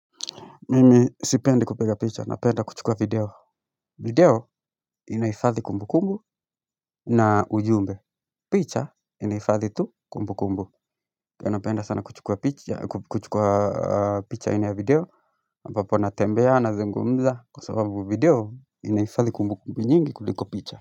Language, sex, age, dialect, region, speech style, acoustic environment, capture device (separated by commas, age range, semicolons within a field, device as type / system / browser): Swahili, male, 25-34, Kenya - Nairobi Sheng-influenced, Kenya, happy, silence; music, mobile / Linux / Chrome